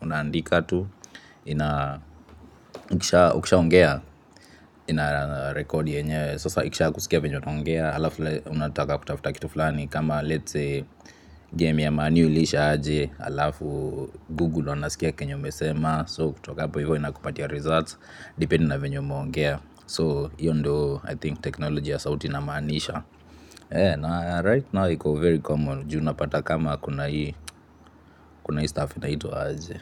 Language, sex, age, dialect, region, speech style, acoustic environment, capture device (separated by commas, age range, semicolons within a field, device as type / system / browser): Swahili, male, 25-34, Kenya - Nairobi Sheng-influenced, Kenya, relaxed; nervous, appliances, mobile / Linux / Chrome